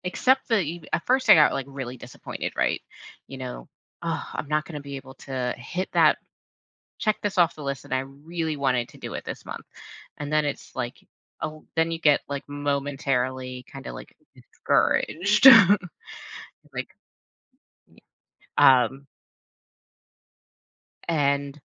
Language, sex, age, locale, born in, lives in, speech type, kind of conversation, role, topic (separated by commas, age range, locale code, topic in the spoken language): English, female, 35-39, en-US, United States, United States, conversation, podcast, guest, What helps you stay resilient and motivated when facing challenges on the path to your goals?